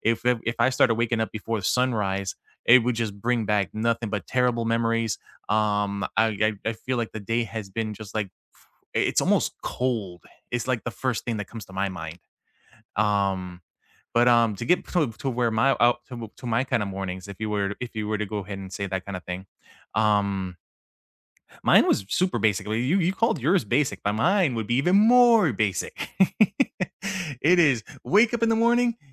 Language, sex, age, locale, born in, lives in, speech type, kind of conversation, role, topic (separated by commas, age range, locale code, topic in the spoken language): English, male, 35-39, en-US, United States, United States, conversation, unstructured, none, What morning routines help you set a positive tone for the day?